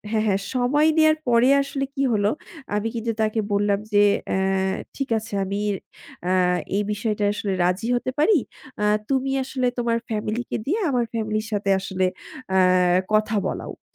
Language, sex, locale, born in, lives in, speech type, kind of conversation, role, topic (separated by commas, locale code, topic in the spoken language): Bengali, female, bn-BD, Bangladesh, Bangladesh, conversation, podcast, guest, কোন সম্পর্ক তোমার জীবনটা বদলে দিয়েছে?